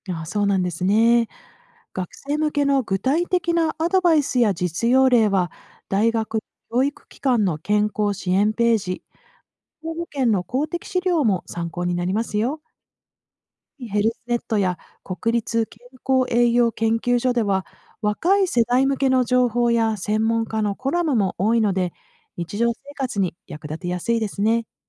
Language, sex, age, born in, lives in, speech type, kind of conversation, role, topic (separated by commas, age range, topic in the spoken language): Japanese, female, 50-54, Japan, United States, read, expert-assistant, expert, 医療に関するニュースや記事を見るとき、信頼できる内容かどうかを見分けるコツはありますか？